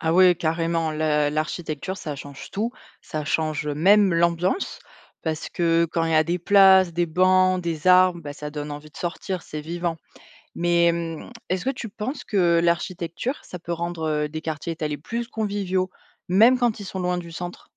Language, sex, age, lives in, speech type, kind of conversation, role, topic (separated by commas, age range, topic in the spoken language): French, female, 25-29, France, read, expert-assistant, asker, Les villes compactes sont-elles plus durables que les villes étalées ? Quels sont les avantages et inconvénients de chaque modèle pour les habitants ?